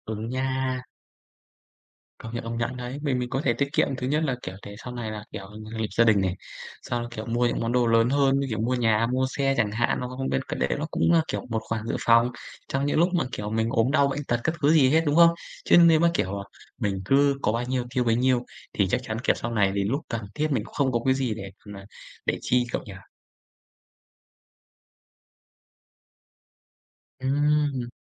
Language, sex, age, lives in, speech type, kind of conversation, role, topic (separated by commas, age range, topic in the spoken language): Vietnamese, male, 25-29, Vietnam, conversation, unstructured, none, Bạn thường tự thưởng cho bản thân như thế nào khi tiết kiệm được tiền?